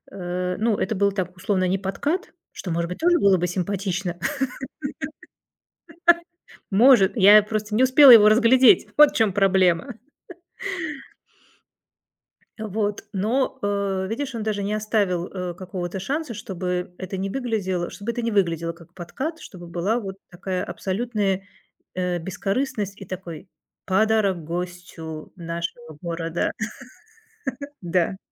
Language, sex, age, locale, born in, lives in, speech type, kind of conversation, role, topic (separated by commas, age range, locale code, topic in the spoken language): Russian, female, 45-49, ru-RU, Russia, Germany, conversation, podcast, guest, Какой случай доброты со стороны незнакомца в чужой стране тебе запомнился больше всего?